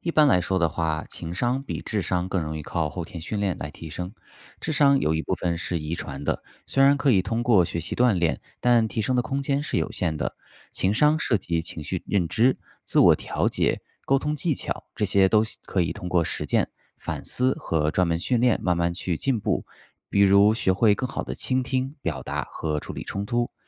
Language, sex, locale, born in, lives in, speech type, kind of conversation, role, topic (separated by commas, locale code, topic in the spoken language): Chinese, male, zh-CN, China, United States, read, expert-assistant, expert, 情商和智商有什么不同，怎样提升情商？